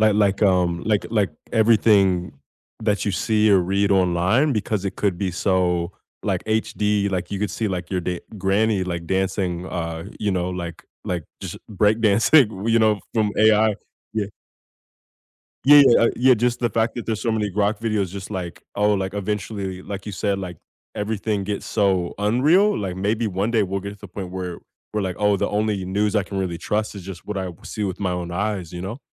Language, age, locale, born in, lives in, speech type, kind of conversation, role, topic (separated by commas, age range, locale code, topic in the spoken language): English, 25-29, en-US, United States, United States, conversation, unstructured, none, How can people tell whether news is real or fake?